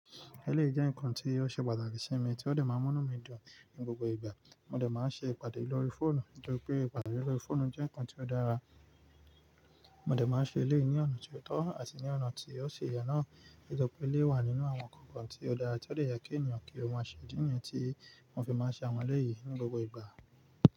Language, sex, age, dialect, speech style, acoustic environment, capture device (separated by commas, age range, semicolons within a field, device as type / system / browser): Yoruba, male, 25-34, Nigeria - Nigerian Standard, excited, nature, mobile / Linux / Chrome